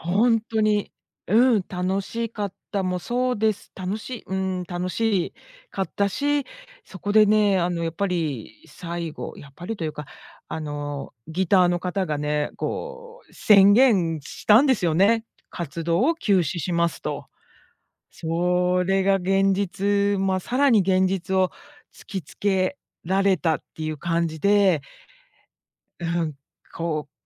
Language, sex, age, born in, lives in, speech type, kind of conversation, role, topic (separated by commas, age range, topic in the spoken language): Japanese, female, 50-54, Japan, United States, conversation, podcast, guest, 思い出に残っているライブ体験について教えていただけますか？